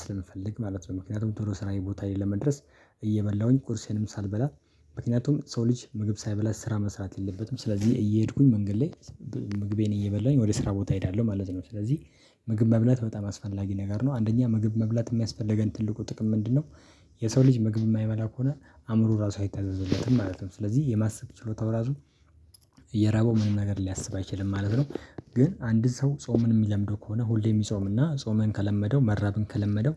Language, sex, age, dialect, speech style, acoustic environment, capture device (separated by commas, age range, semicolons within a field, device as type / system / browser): Amharic, male, 25-34, Ethiopia - Addis Ababa, happy, silence, mobile / Linux / Chrome